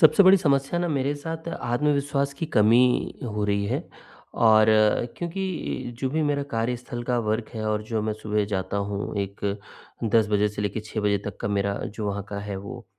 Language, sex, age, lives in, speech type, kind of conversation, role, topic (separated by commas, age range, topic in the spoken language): Hindi, male, 45-49, India, conversation, advice, user, छोटी जीतों से आत्मविश्वास बढ़ाने के तरीके